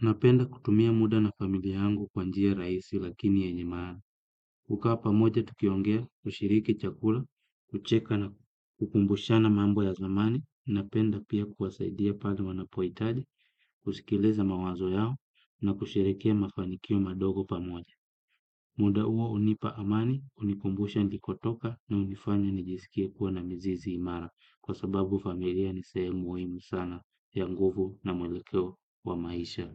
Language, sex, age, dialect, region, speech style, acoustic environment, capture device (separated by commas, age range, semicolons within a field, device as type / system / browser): Swahili, male, 25-34, Kenya - Kitui, Kenya, happy; relaxed, music; silence, desktop / Windows / Chrome